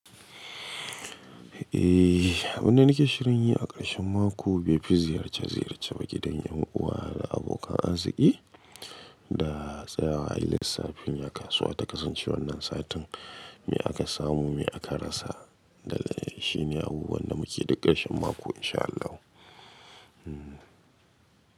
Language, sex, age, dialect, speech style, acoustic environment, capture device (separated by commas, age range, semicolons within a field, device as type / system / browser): Hausa, male, 25-34, Nigeria - Katsina, happy, nature, mobile / Linux / Chrome